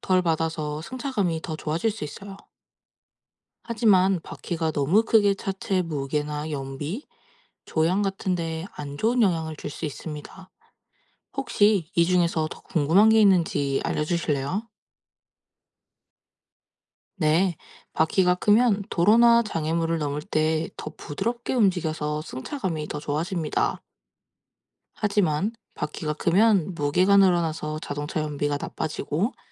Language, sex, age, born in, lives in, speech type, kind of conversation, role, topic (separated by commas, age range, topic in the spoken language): Korean, female, 20-24, South Korea, Japan, read, expert-assistant, expert, 자동차 바퀴는 왜 둥글까요?